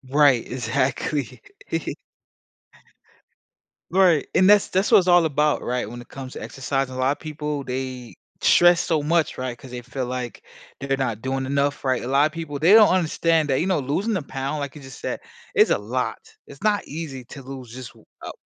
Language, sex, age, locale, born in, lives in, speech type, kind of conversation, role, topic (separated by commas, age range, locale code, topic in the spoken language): English, male, 25-29, en-US, United States, United States, conversation, podcast, guest, What should beginners focus on when starting an exercise routine?